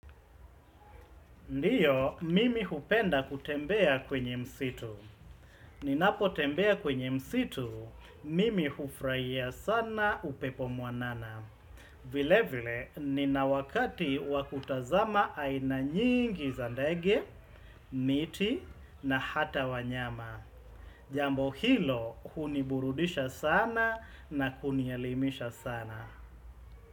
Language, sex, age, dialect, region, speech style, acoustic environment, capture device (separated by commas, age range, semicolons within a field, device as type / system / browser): Swahili, male, 35-44, Kenya - Mombasa, Kenya, happy, nature, desktop / Windows / Chrome